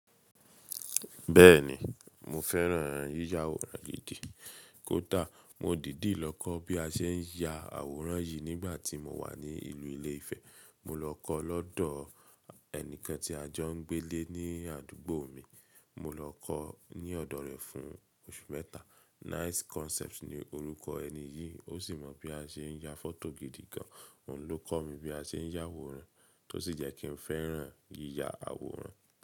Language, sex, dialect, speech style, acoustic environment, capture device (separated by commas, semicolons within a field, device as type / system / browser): Yoruba, male, Nigeria - Nigerian Standard, tired; relaxed, silence, mobile / Linux / Chrome